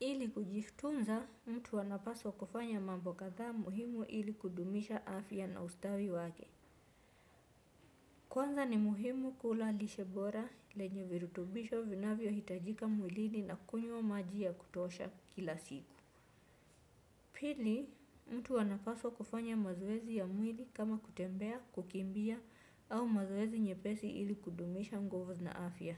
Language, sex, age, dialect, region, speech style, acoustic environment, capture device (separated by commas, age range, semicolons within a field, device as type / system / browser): Swahili, female, 25-34, Kenya - Mombasa, Kenya, focused, nature, desktop / Windows / Chrome